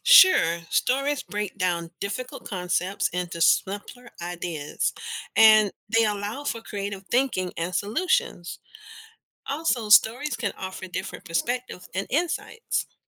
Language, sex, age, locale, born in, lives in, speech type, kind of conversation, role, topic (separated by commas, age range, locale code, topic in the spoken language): English, female, 50-54, en-US, United States, United States, read, expert-assistant, expert, What role does storytelling play in human history?